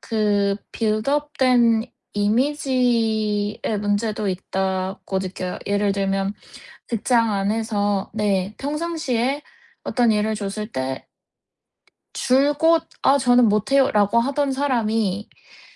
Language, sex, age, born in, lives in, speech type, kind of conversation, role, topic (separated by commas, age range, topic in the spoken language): Korean, female, 30-34, South Korea, United States, conversation, advice, user, 일과 삶의 균형을 유지하면서 장기 경력 계획은 어떻게 세워야 하나요?